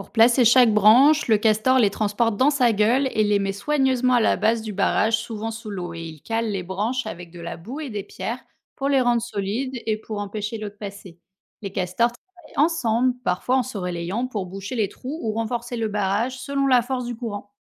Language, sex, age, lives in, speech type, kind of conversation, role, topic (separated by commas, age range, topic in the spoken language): French, female, 30-34, France, read, expert-assistant, expert, Comment les castors construisent-ils des barrages ?